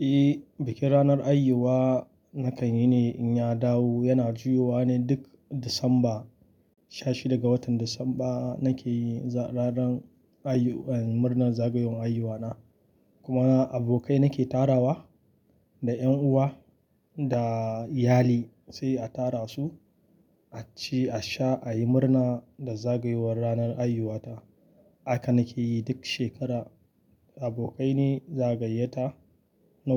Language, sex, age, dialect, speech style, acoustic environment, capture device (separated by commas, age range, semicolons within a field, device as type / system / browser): Hausa, male, 25-34, Nigeria - Kano, happy; relaxed, nature, mobile / Linux / Chrome